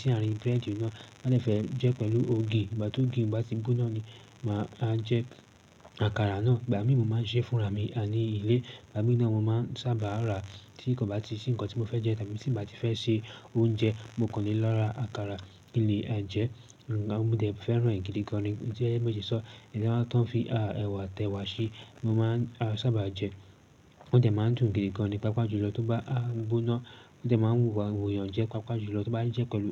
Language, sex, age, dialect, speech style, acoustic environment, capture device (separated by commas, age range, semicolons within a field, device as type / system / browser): Yoruba, male, 18-24, Nigeria - Lagos Yoruba, relaxed, silence, desktop / Linux / Chrome